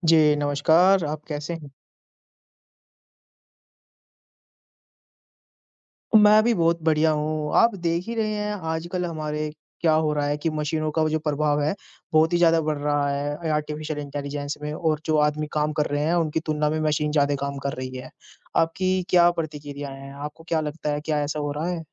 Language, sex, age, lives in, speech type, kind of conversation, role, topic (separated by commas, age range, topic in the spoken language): Hindi, male, 50-54, India, conversation, unstructured, none, क्या कृत्रिम बुद्धिमत्ता से इंसानों की नौकरियाँ खतरे में हैं?